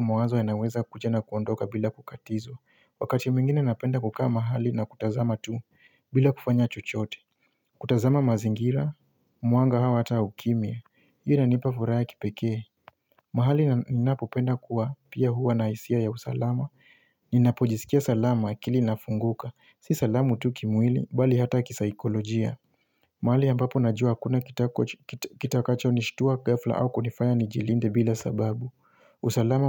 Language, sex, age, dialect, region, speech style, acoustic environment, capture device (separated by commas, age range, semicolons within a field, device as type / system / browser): Swahili, male, 25-34, Kenya - Mombasa, Kenya, happy, silence, mobile / Linux / Chrome